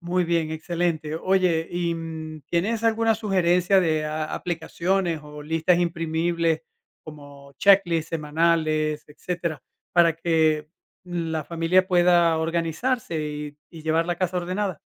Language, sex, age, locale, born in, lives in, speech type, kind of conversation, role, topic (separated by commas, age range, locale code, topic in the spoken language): Spanish, male, 50-54, es-VE, Venezuela, United States, conversation, podcast, host, ¿Qué trucos usas para mantener la casa ordenada?